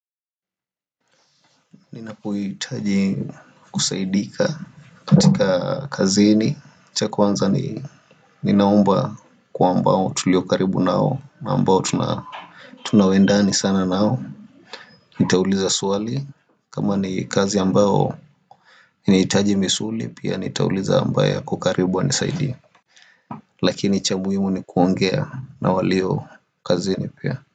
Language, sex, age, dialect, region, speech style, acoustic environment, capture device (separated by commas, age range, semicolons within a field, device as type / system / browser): Swahili, male, 25-34, Kenya - Nairobi Sheng-influenced, Kenya, relaxed; happy, nature; silence, desktop / Windows / Firefox